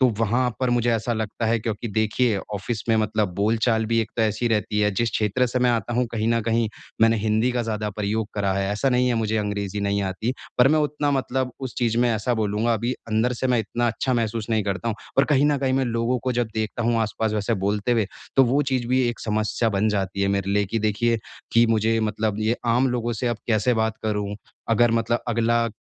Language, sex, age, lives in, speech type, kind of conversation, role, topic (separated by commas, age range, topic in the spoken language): Hindi, male, 25-29, India, conversation, advice, user, मैं नए लोगों से मिलते समय कम घबराने के लिए क्या कर सकता/सकती हूँ?